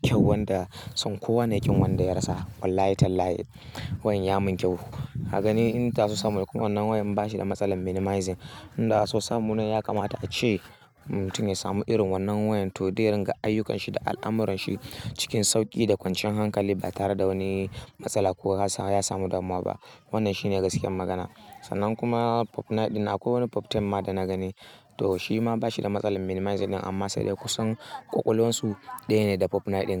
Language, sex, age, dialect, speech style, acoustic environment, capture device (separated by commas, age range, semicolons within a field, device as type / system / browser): Hausa, male, 18-24, Nigeria - Kano, happy; excited; nervous; anxious; focused; tired, silence, mobile / Linux / Chrome